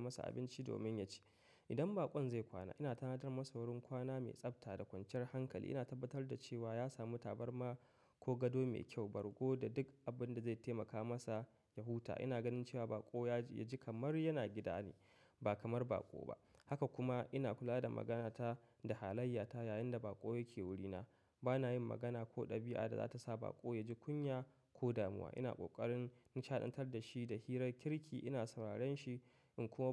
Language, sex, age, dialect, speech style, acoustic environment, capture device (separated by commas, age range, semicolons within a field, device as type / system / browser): Hausa, male, 25-34, Nigeria - Katsina, happy, nature; silence, mobile / macOS / Safari